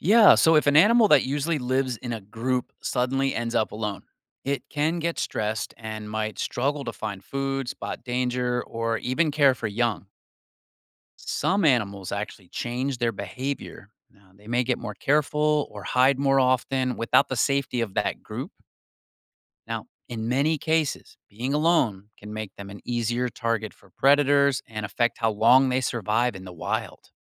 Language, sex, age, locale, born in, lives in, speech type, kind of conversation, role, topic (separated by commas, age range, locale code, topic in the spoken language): English, male, 45-49, en-US, United States, United States, read, expert-assistant, expert, Why do some animals live in groups and others alone?